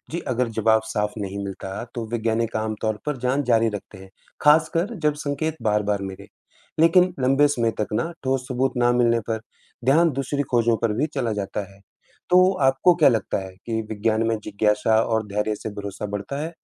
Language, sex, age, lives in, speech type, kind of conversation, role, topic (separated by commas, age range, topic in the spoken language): Hindi, male, 35-39, India, read, expert-assistant, expert, हम अंतरिक्ष में जीवन की तलाश क्यों करते हैं?